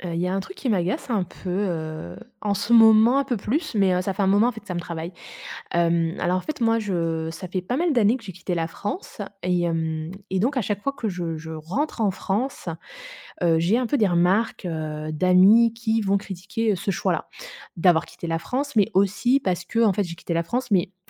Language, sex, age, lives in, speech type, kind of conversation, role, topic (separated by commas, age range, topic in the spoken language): French, female, 35-39, Germany, conversation, advice, user, Comment réagir quand mes amis critiquent ou jugent mes choix de vie ?